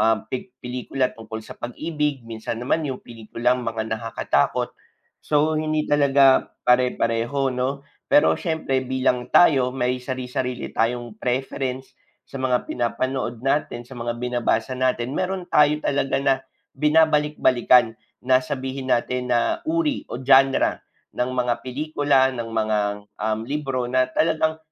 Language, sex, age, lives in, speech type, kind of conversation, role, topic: Filipino, male, 25-29, Philippines, conversation, unstructured, none, Alin ang mas nakapagpapahinga para sa iyo: pagbabasa ng aklat o panonood ng pelikula?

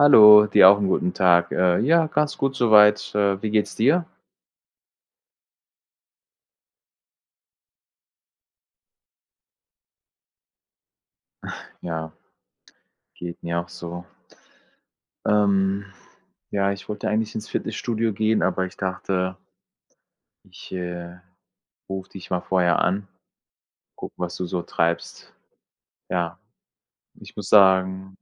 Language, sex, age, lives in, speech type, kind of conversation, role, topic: German, male, 45-49, Germany, conversation, unstructured, none, Wie verbringst du deine Freizeit am liebsten?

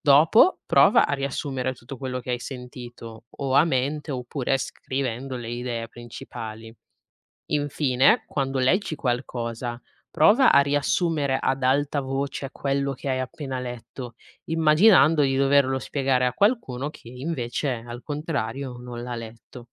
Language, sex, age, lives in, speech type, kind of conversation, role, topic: Italian, female, 20-24, Italy, read, expert-assistant, expert, Come si può migliorare la capacità di ascolto attivo?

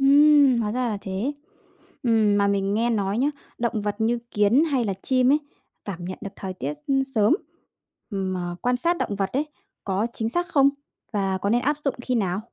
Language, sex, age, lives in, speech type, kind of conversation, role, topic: Vietnamese, female, 30-34, Vietnam, read, expert-assistant, asker, Làm sao nhận biết và dự đoán trời sắp mưa bằng dấu hiệu tự nhiên và công nghệ?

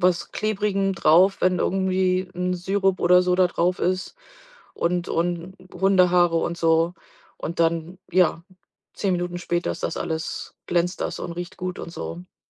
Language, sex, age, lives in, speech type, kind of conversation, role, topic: German, female, 45-49, United States, conversation, podcast, guest, Wie findest du Sinn in alltäglichen Aufgaben?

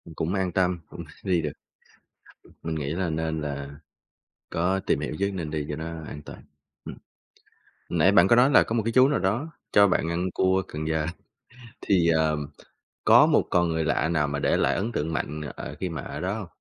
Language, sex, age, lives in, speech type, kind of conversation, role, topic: Vietnamese, male, 25-29, Vietnam, conversation, podcast, host, Chuyến du lịch nào trong đời khiến bạn nhớ nhất, và vì sao?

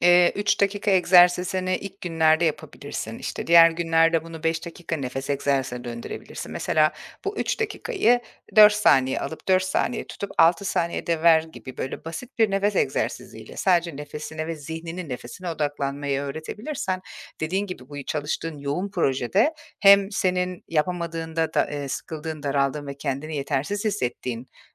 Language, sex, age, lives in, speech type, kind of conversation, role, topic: Turkish, female, 40-44, Portugal, conversation, advice, advisor, Günlük meditasyon veya nefes pratiğine nasıl başlayabilirim?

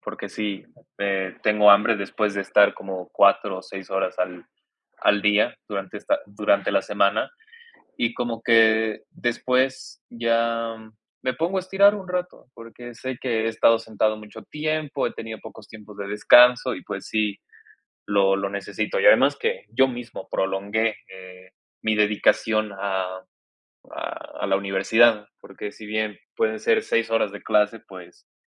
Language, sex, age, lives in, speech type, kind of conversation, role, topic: Spanish, male, 20-24, Mexico, conversation, advice, user, ¿Sientes ansiedad a la hora de dormir que hace que tardes más en conciliar el sueño?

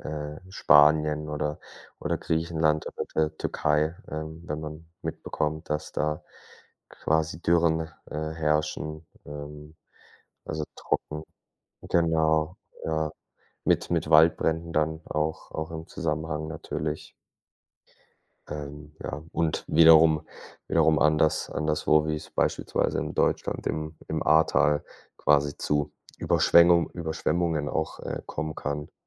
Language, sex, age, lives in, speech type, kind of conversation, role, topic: German, male, 25-29, Germany, conversation, unstructured, none, Was macht dir am Klimawandel am meisten Angst?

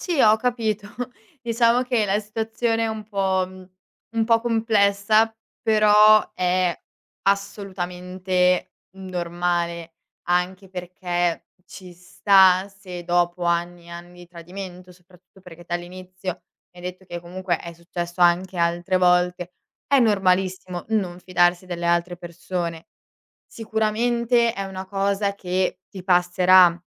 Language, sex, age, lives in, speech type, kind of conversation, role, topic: Italian, female, 18-19, Italy, conversation, advice, advisor, Perché mi sento tradito dopo un’infedeltà e non riesco a perdonare?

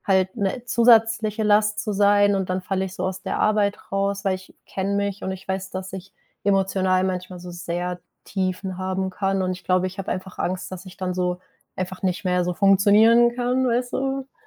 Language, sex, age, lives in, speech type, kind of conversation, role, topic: German, female, 25-29, Germany, conversation, advice, user, Wie gehst du mit dem Druck um, wichtige Lebensentscheidungen wie einen Umzug oder einen Jobwechsel treffen zu müssen?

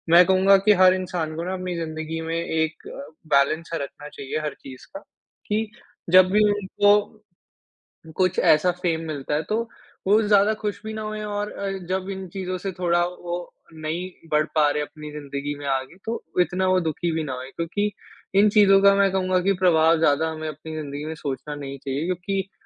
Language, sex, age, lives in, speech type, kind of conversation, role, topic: Hindi, male, 55-59, India, conversation, podcast, guest, इंटरनेट पर मिली प्रसिद्धि और असली ज़िंदगी में आपको कैसा फर्क महसूस होता है?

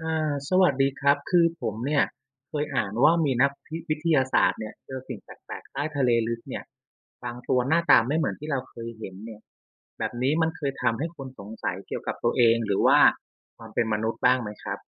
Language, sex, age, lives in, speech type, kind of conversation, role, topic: Thai, male, 35-39, Thailand, read, expert-assistant, asker, เราเรียนรู้อะไรเกี่ยวกับตัวเราเองจากการค้นพบสิ่งมีชีวิตแปลกๆ ใต้ทะเลลึก?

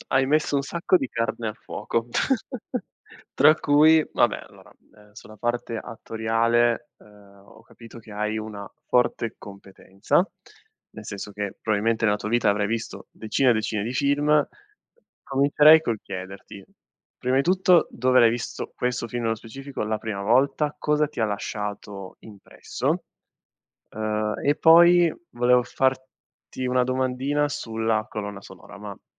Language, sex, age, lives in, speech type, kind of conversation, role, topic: Italian, male, 25-29, Italy, conversation, podcast, host, Qual è il tuo film preferito e perché ti resta dentro?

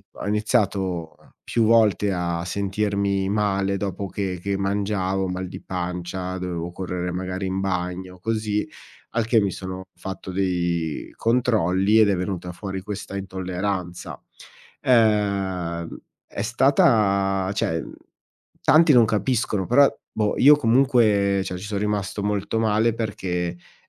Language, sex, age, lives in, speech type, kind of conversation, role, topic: Italian, male, 40-44, Italy, conversation, advice, user, Qual è un cambiamento importante nella tua salute che sta alterando la tua vita quotidiana?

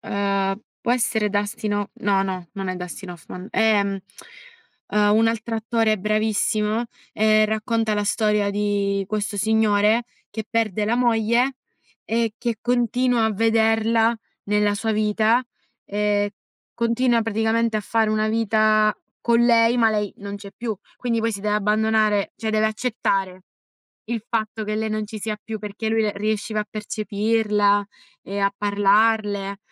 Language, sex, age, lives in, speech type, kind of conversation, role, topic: Italian, female, 20-24, Italy, conversation, unstructured, none, Preferisci leggere un libro o guardare un film?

